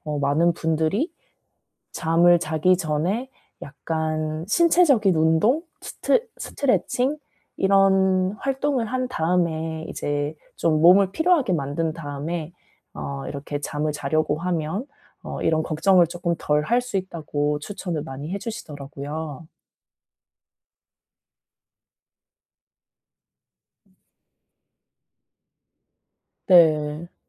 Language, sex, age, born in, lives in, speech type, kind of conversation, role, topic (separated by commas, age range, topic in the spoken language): Korean, female, 35-39, South Korea, South Korea, conversation, advice, advisor, 어떻게 하면 반복되는 걱정의 악순환을 멈추고 벗어날 수 있을까요?